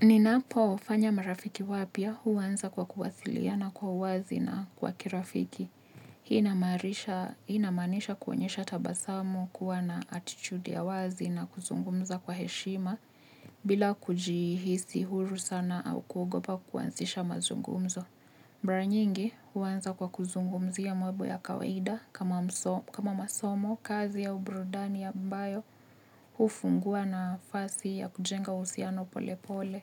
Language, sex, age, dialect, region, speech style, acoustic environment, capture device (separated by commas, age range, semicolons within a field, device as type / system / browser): Swahili, female, 25-34, Kenya - Nairobi Sheng-influenced, Kenya, happy, people talking, mobile / Linux / Chrome